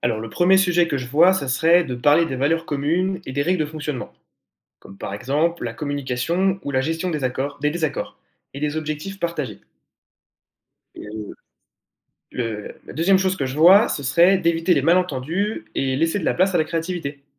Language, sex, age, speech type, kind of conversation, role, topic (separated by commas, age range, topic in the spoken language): French, male, 18-19, read, expert-assistant, expert, Créer ou reprendre une entreprise : quelles sont les vraies différences ?